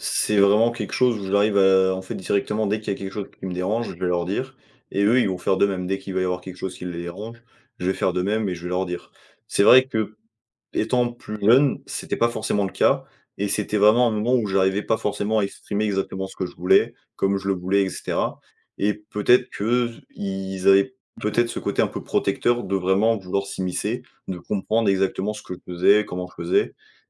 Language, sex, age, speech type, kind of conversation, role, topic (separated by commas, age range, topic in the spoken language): French, male, 20-24, conversation, podcast, guest, Comment poser des limites sans se sentir coupable ?